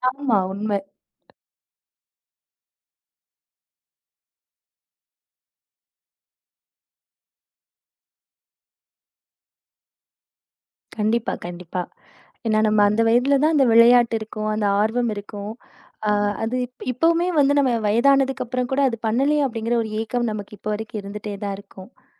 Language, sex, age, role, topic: Tamil, female, 30-34, host, தோல்வி நேர்ந்தபோது நீங்கள் அதை எப்படி தாண்டி மீண்டு வருகிறீர்கள்?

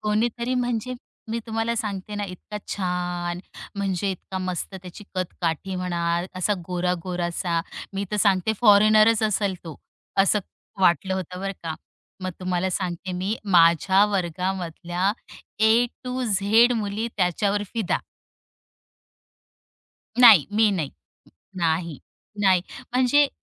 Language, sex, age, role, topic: Marathi, female, 35-39, guest, तुम्हाला पहिल्या प्रेमाची आठवण करून देणारं कोणतं गाणं आहे?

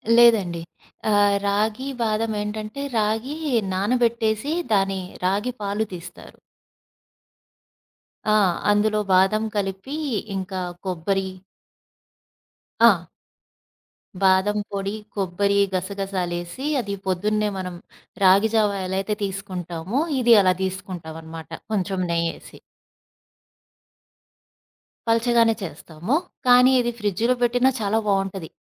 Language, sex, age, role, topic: Telugu, female, 35-39, guest, మీకు కొత్త రుచులను ప్రయత్నించడానికి ఏం ఆకర్షిస్తుంది?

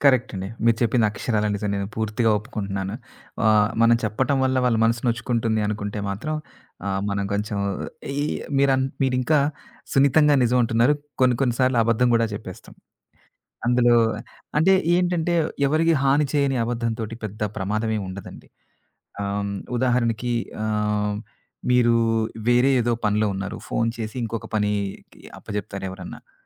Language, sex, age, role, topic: Telugu, male, 40-44, guest, బాధ పెట్టకుండా నిజం చెప్పడానికి మీరు ఏ విధానాలు ప్రయత్నిస్తారు?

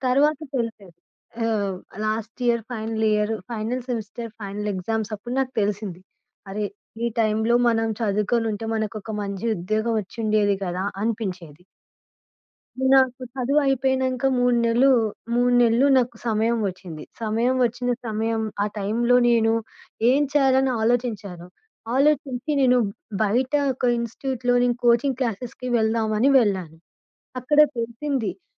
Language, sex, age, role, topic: Telugu, female, 25-29, guest, ఒక సంఘటన వల్ల మీ మనసు మారిపోయిన అనుభవాన్ని చెప్పగలరా?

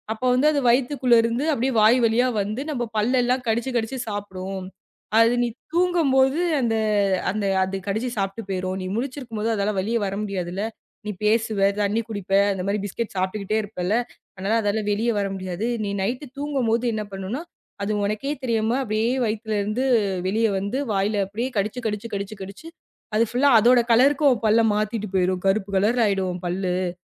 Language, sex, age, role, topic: Tamil, female, 25-29, guest, தாத்தா-பாட்டி சொல்லிய கதைகள் உங்களுக்கு என்ன நினைவுகளைத் தூண்டுகின்றன?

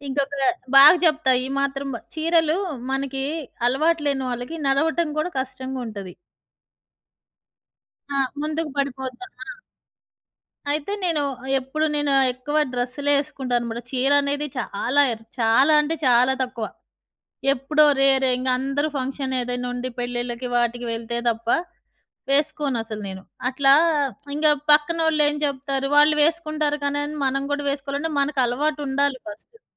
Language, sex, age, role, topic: Telugu, female, 40-44, guest, ఇతరుల అభిప్రాయాలు మీ స్టైల్‌ను ఎంతవరకు ప్రభావితం చేస్తాయి?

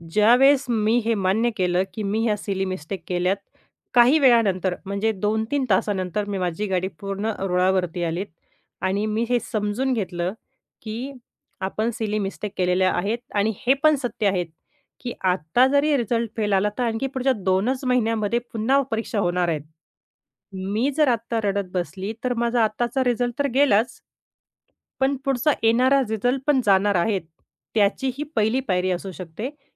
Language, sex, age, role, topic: Marathi, female, 30-34, guest, अचानक आलेल्या बदलांशी तुम्ही कसे जुळवून घेता?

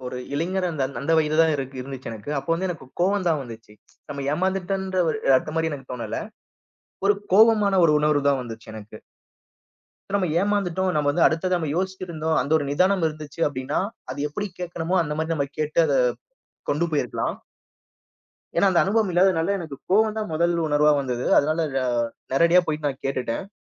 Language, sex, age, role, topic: Tamil, male, 30-34, guest, தீவிர கருத்து மோதலை நீங்கள் எப்படி சமாளிப்பீர்கள்?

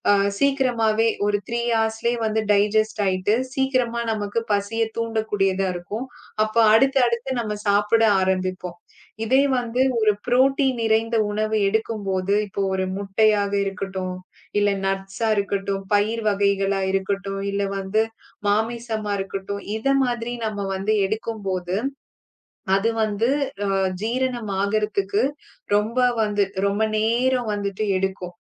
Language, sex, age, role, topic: Tamil, female, 35-39, guest, உணவை கவனத்துடன் சாப்பிட பழகுவது எப்படி?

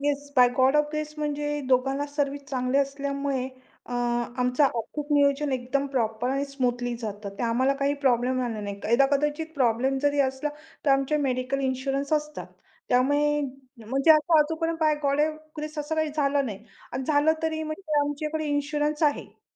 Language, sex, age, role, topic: Marathi, female, 45-49, guest, आर्थिक अनिश्चिततेशी तुम्ही कसा सामना केला?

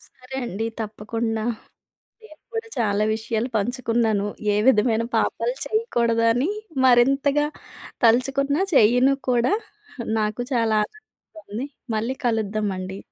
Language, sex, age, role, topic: Telugu, female, 30-34, guest, ఒక చిన్న పాపం లేదా తప్పు చేసిన తర్వాత మీకు గొప్ప పాఠం ఏదైనా నేర్చుకోాల్సి వచ్చిందా?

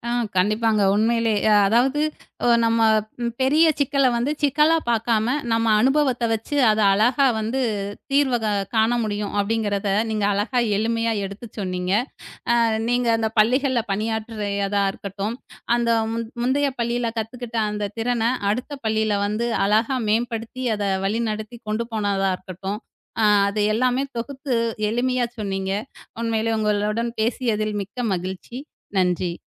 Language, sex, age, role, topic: Tamil, female, 35-39, host, பழைய அனுபவங்களை புதிய வேலையில் எப்படி பயன்படுத்தினீர்கள்?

